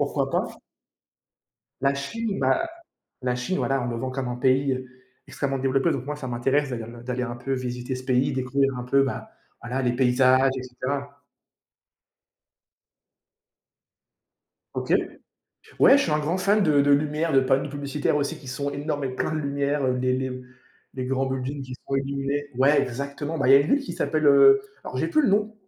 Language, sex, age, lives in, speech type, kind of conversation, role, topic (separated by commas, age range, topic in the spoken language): French, male, 20-24, France, conversation, unstructured, none, Qu’est-ce qui rend un voyage vraiment mémorable selon toi ?